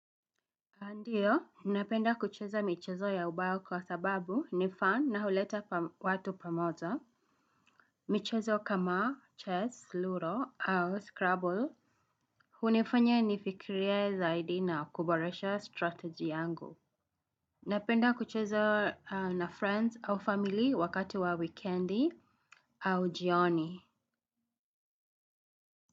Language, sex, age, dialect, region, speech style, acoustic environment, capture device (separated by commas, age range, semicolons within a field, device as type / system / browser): Swahili, female, 35-44, Kenya - Nairobi Sheng-influenced, Kenya, happy, people talking; music; traffic, desktop / Windows / Chrome